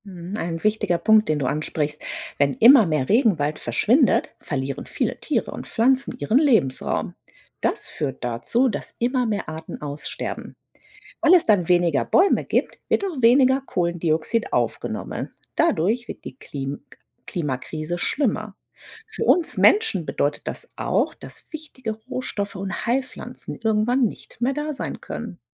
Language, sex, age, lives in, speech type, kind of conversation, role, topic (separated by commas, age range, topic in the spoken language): German, female, 40-44, United States, read, expert-assistant, expert, Was ist ein Regenwald?